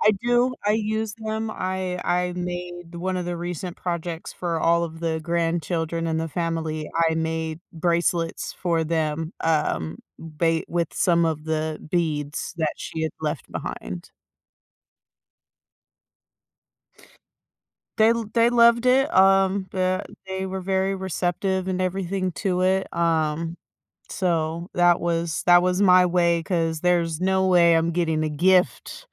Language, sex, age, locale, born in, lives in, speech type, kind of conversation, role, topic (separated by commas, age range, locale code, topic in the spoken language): English, female, 30-34, en-US, United States, United States, conversation, advice, user, How can I find hope and start moving forward after years of grieving?